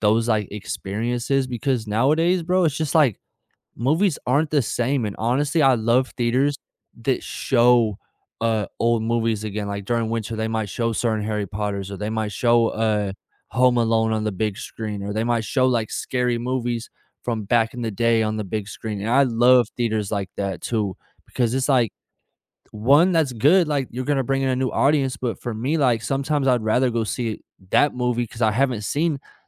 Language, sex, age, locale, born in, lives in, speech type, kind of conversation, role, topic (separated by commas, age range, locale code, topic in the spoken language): English, male, 25-29, en-US, United States, United States, conversation, unstructured, none, What are your movie theater rituals and your perfect snack combo, and what stories are behind them?